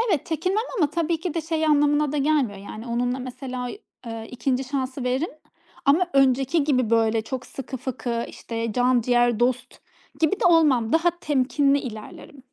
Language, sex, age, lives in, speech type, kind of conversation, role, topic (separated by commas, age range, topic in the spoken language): Turkish, female, 30-34, Estonia, conversation, podcast, guest, Arkadaş seçerken sence hangi kırmızı bayraklara dikkat etmek gerekir?